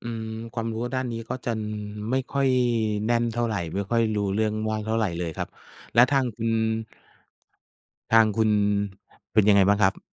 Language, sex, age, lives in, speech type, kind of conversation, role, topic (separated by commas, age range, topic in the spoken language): Thai, male, 45-49, Thailand, conversation, unstructured, none, คุณคิดว่าเทคโนโลยีจะทำให้เราสูญเสียความเป็นส่วนตัวไหม?